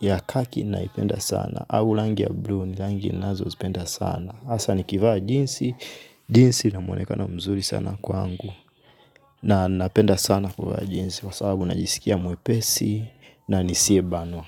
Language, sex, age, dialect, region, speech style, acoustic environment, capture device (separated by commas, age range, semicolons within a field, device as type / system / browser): Swahili, male, 25-34, Tanzania - Dar es Salaam, Tanzania, relaxed; focused, silence, mobile / Linux / Chrome